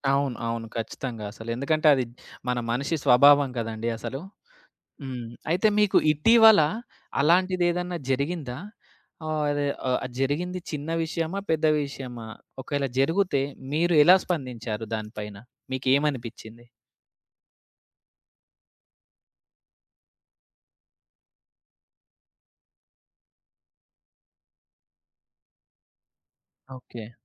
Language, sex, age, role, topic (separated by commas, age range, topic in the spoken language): Telugu, male, 25-29, host, తప్పులు నిరాశగా మారకుండా ఉండేందుకు మీరు ఏమి చేస్తారు?